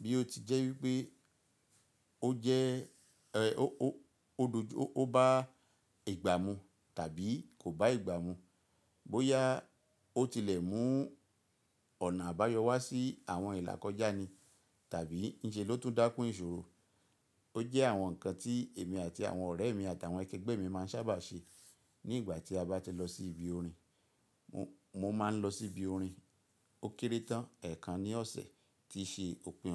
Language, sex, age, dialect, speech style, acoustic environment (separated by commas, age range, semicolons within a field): Yoruba, male, 45-54, Nigeria - Ibadan, relaxed, nature